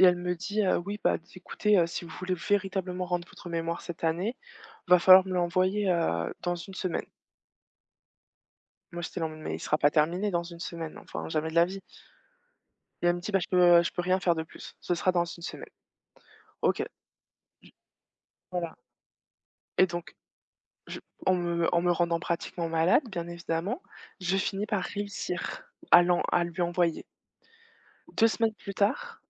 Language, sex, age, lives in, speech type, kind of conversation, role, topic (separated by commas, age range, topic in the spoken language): French, female, 25-29, France, conversation, unstructured, none, Si vous pouviez revivre une journée de votre vie, laquelle choisiriez-vous et pourquoi ?